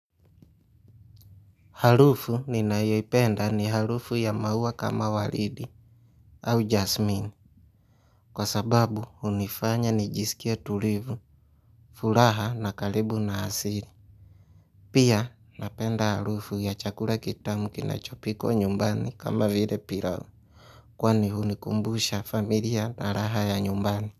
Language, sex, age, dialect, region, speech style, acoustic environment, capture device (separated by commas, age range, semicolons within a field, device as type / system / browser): Swahili, male, 25-34, Kenya - Mombasa, Kenya, relaxed, silence, mobile / Linux / Chrome